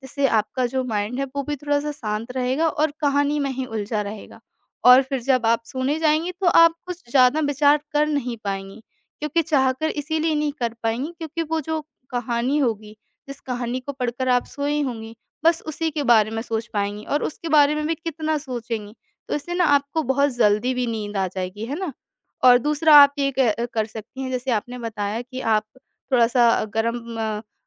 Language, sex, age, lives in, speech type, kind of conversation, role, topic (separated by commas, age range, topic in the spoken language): Hindi, female, 25-29, India, conversation, advice, advisor, सोते समय चिंताएँ और विचार लगातार दौड़ते रहते हैं, उन्हें कैसे रोकूँ?